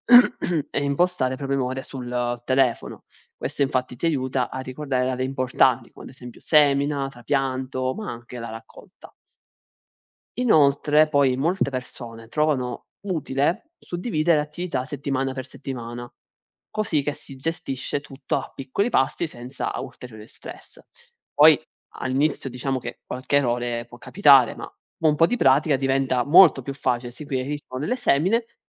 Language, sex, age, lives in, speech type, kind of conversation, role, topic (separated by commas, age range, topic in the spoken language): Italian, male, 20-24, Italy, read, expert-assistant, expert, Come si può coltivare un orto produttivo tutto l’anno anche in spazi ridotti?